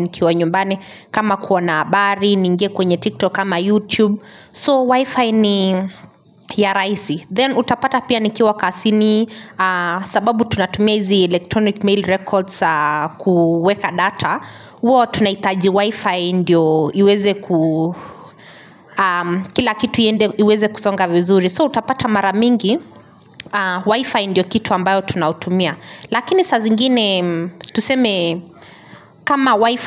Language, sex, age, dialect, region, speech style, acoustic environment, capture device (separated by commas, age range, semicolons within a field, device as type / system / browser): Swahili, female, 25-34, Kenya - Nairobi Sheng-influenced, Kenya, happy; relaxed; focused, people talking, mobile / Linux / Chrome